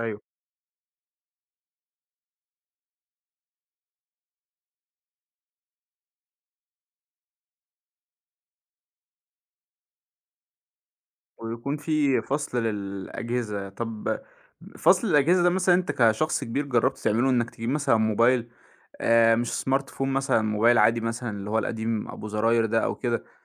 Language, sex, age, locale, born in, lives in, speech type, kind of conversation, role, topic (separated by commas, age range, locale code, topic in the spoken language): Arabic, male, 25-29, ar-EG, Egypt, Egypt, conversation, podcast, host, إزاي بتحطوا حدود لاستخدام الموبايل في البيت؟